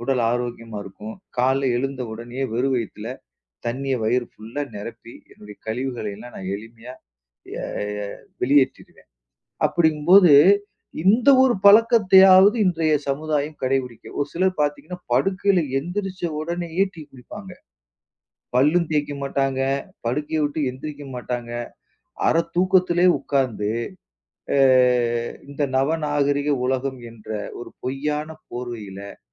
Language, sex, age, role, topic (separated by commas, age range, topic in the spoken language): Tamil, male, 40-44, guest, காலை எழுந்ததும் முதலில் நீங்கள் என்ன செய்கிறீர்கள்?